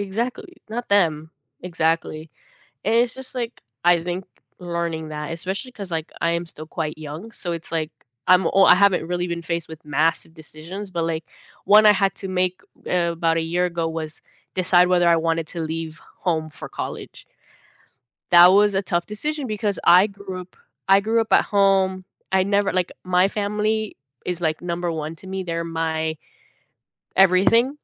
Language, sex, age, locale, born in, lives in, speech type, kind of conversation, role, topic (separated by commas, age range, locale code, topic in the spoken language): English, female, 18-19, en-US, United States, United States, conversation, unstructured, none, Why can making the right choice sometimes feel so challenging?